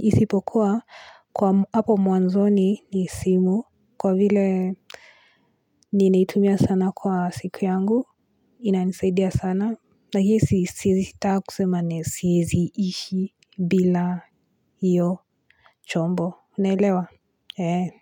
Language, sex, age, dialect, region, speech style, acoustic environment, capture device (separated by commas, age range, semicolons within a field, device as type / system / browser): Swahili, female, 18-24, Kenya - Nairobi Sheng-influenced, Kenya, relaxed, silence, mobile / Linux / Chrome